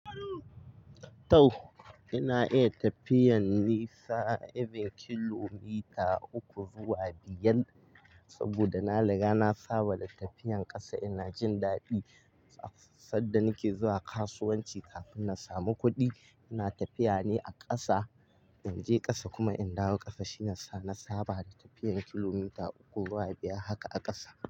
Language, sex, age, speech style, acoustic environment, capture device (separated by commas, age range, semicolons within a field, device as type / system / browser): Hausa, male, 18-24, focused; relaxed, silence, mobile / Linux / Chrome